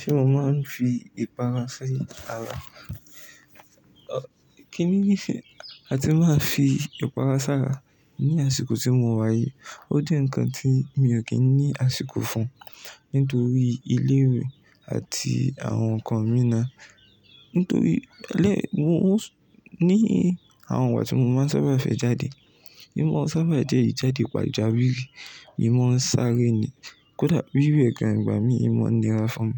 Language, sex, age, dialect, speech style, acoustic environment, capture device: Yoruba, male, 18-24, Nigeria - Ibadan, happy, silence, mobile / Linux / Chrome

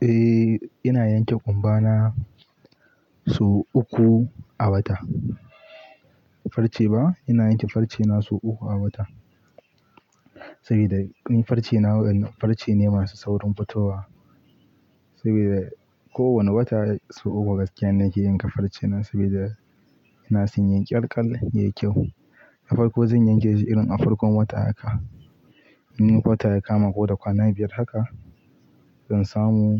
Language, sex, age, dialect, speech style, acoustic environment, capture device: Hausa, male, 18-24, Nigeria - Pidgin-influenced, happy; focused, nature, mobile / Linux / Chrome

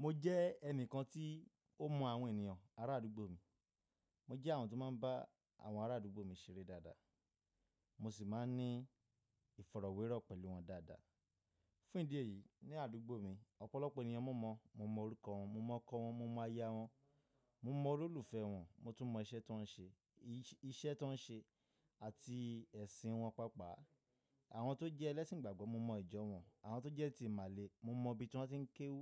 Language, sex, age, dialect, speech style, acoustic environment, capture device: Yoruba, male, 25-34, Nigeria - Nigerian Standard, happy, nature, mobile / Linux / Chrome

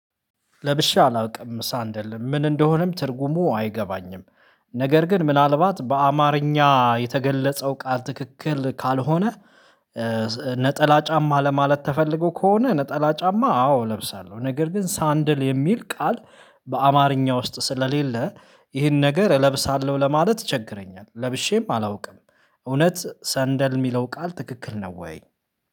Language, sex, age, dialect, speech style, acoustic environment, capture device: Amharic, male, 25-34, Ethiopia - Addis Ababa, excited, silence, mobile / Linux / Chrome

